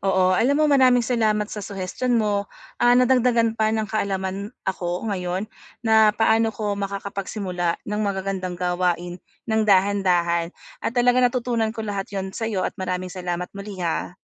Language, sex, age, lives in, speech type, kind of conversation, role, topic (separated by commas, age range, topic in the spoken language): Filipino, female, 40-44, Philippines, conversation, advice, user, Paano ako makakapagsimula sa maliliit na hakbang para unti-unting mabuo ang magagandang gawain?